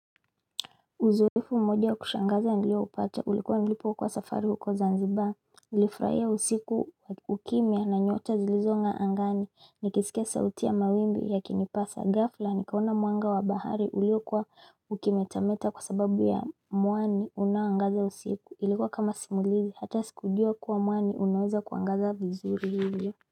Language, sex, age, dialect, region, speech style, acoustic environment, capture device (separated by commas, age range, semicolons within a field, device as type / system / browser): Swahili, female, 25-34, Kenya - Mombasa, Kenya, focused; relaxed, silence, mobile / Linux / Chrome